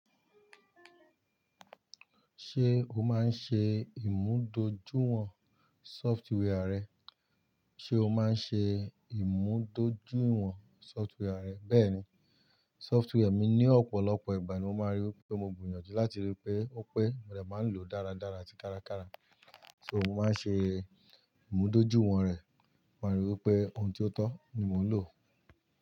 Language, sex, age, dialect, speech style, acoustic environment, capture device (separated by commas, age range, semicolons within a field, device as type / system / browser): Yoruba, male, 35-44, Nigeria - Ibadan, focused, nature, mobile / Linux / Chrome